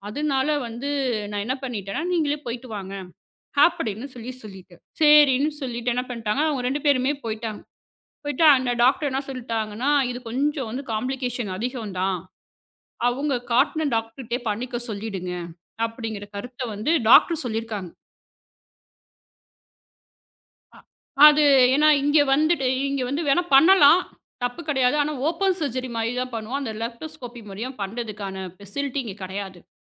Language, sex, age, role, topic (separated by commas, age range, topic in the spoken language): Tamil, female, 35-39, guest, கருத்து வேறுபாடு ஏற்பட்டால் நம்பிக்கையை பாதிக்காமல் எப்படி பேசலாம்?